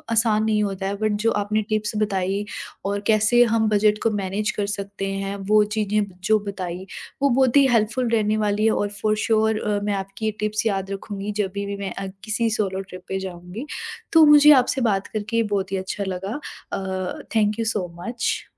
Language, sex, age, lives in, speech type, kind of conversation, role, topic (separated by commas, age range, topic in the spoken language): Hindi, female, 20-24, India, conversation, podcast, host, Budget mein solo travel kaise plan karte ho, tips do?